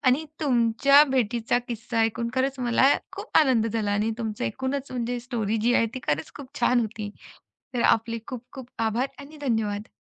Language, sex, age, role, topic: Marathi, female, 35-39, host, एखाद्या अनपेक्षित भेटीमुळे तुमचं एखादं नातं अधिक घट्ट किंवा पुढे विकसित झालं का?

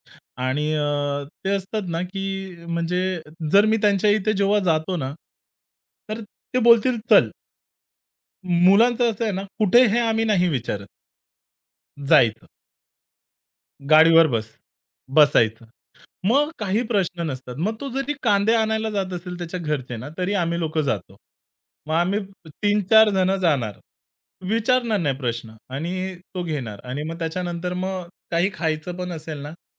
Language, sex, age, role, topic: Marathi, male, 30-34, guest, बदलीनंतर जुन्या मित्रांशी नातं टिकवून ठेवताना तुम्हाला कसं वाटतं?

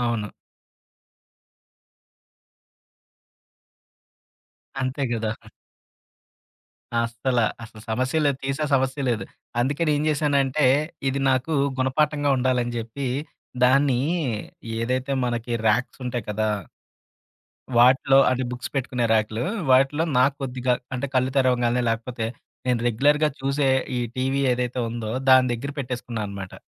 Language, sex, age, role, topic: Telugu, male, 30-34, guest, తక్కువ వస్తువులతో జీవించడం మీ జీవితాన్ని ఎలా మార్చింది?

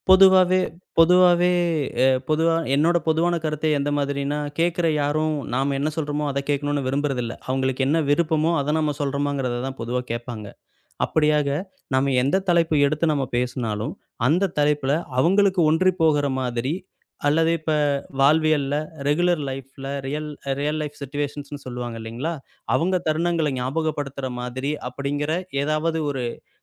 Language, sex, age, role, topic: Tamil, male, 35-39, guest, கதை சொல்வதில் கேட்பவர்களுடன் நீங்கள் எப்படி தொடர்பு ஏற்படுத்துகிறீர்கள்?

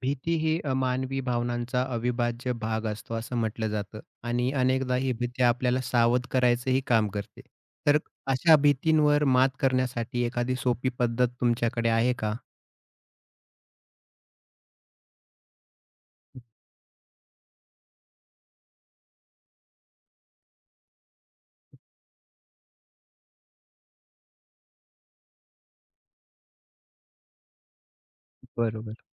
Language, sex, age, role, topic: Marathi, male, 30-34, host, भीतीवर मात करण्यासाठी तुमची सर्वात सोपी पद्धत कोणती आहे?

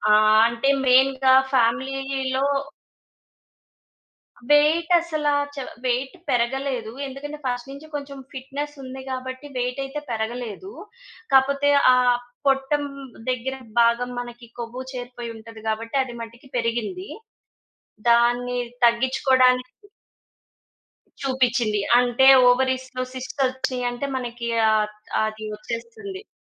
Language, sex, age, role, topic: Telugu, female, 30-34, guest, ఖాళీ సమయాల్లో చేస్తున్న పని మీ ప్రధాన వృత్తిగా మారిన తర్వాత మీ జీవితంలో ఏ మార్పులు వచ్చాయి?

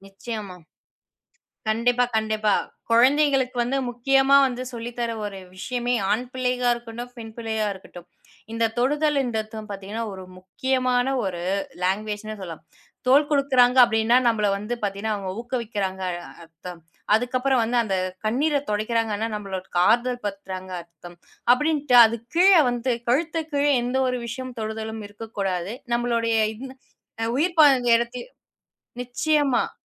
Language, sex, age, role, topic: Tamil, female, 20-24, host, பிள்ளைகளுக்கு அன்பை வெளிப்படுத்தும் முறைகளை கற்பிக்கும் போது எது முக்கியம்?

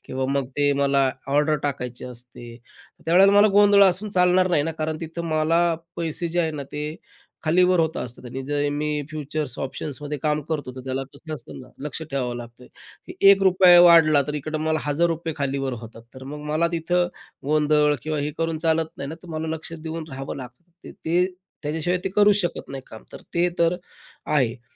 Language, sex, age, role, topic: Marathi, male, 35-39, guest, शांतता आणि गोंगाट यांपैकी कोणते वातावरण तुम्हाला अधिक प्रेरणा देते?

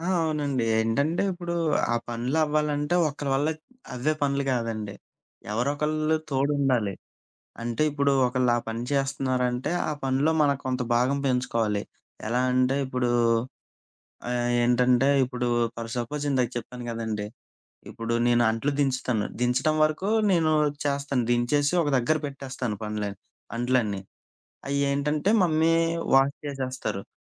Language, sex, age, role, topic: Telugu, male, 25-29, guest, ఇంట్లో పనులను ఎవరు ఏవిధంగా పంచుకుని నిర్వహిస్తారు?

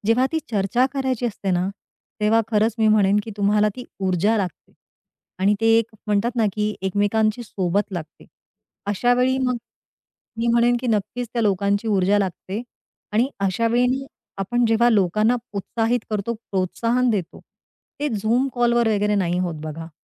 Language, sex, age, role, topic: Marathi, female, 40-44, guest, काम करण्यासाठी तुम्हाला शांत जागा आवडते की लोकांची ऊर्जा जास्त प्रेरणा देते?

